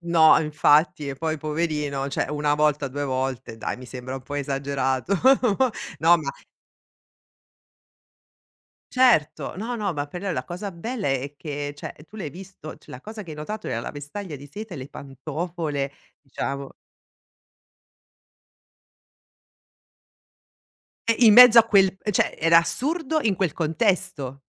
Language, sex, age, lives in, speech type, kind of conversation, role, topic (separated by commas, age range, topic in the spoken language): Italian, female, 45-49, Italy, conversation, podcast, host, Ti è mai capitato di sbagliare strada e scoprire qualcosa di bello?